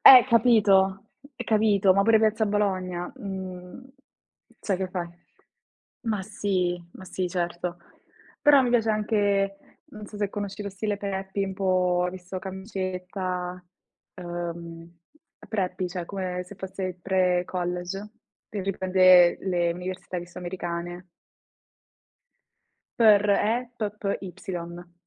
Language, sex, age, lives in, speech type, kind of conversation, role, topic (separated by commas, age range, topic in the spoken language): Italian, female, 20-24, Italy, conversation, unstructured, none, Come descriveresti il tuo stile personale?